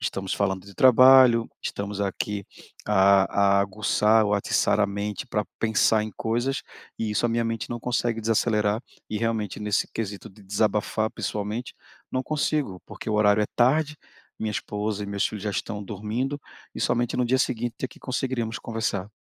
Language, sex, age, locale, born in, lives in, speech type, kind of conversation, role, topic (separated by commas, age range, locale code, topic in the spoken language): Portuguese, male, 40-44, pt-BR, Brazil, Portugal, conversation, advice, user, Como posso desacelerar a mente antes de dormir?